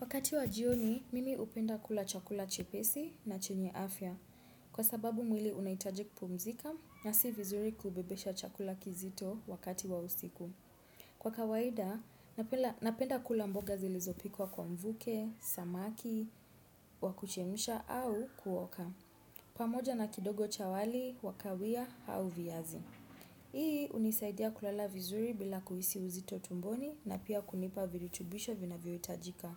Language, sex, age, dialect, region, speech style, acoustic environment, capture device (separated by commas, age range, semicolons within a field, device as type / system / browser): Swahili, female, 25-34, Kenya - Nairobi Sheng-influenced, Kenya, anxious, silence, desktop / Windows / Chrome